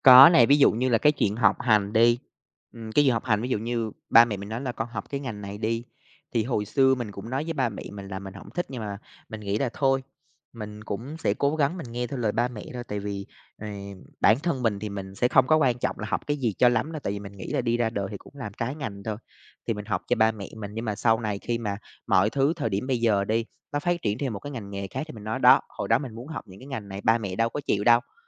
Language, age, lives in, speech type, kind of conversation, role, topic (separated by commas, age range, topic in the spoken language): Vietnamese, 25-29, Vietnam, conversation, podcast, guest, Bạn cân bằng giữa kỳ vọng xã hội và mong muốn cá nhân ra sao?